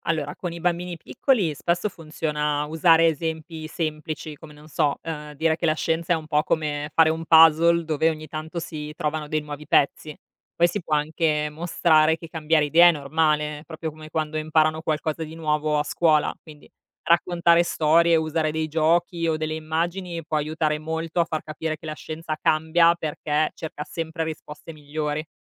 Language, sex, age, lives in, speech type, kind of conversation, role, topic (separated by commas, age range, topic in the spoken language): Italian, female, 35-39, Italy, read, expert-assistant, expert, Perché Plutone non è più considerato un pianeta vero? Ci sono altri casi simili che rendono difficile capire chi entra o esce dalla lista dei pianeti?